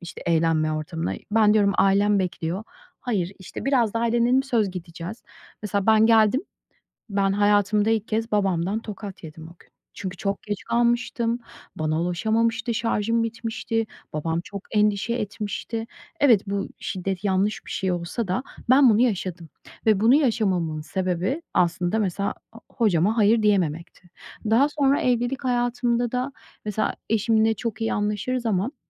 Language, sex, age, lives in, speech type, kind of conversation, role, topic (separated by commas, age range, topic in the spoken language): Turkish, female, 40-44, United States, conversation, advice, user, Suçluluk hissi yüzünden aileme ve arkadaşlarıma hayır demekte neden zorlanıyorum ve bunu nasıl daha rahat yapabilirim?